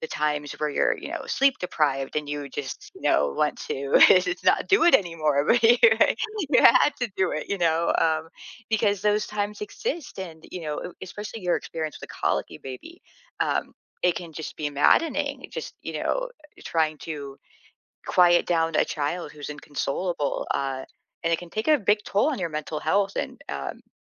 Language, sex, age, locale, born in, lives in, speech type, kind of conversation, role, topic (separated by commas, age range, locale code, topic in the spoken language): English, female, 45-49, en-US, United States, United States, conversation, advice, advisor, How can I approach a tough talk with my partner?